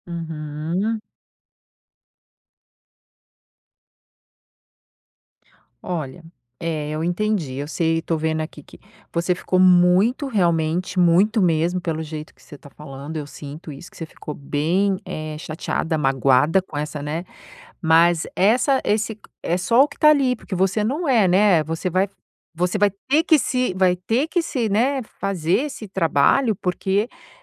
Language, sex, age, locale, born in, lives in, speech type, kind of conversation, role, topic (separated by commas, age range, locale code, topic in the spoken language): Portuguese, female, 50-54, pt-BR, Brazil, United States, conversation, advice, advisor, Como posso reconstruir a confiança em mim mesmo depois de um fracasso?